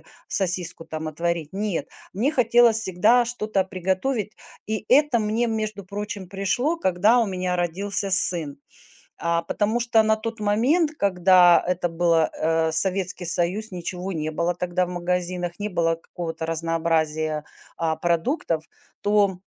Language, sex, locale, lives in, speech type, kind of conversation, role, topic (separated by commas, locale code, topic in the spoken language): Russian, female, ru-RU, United States, conversation, podcast, guest, Что для тебя значит готовить для других?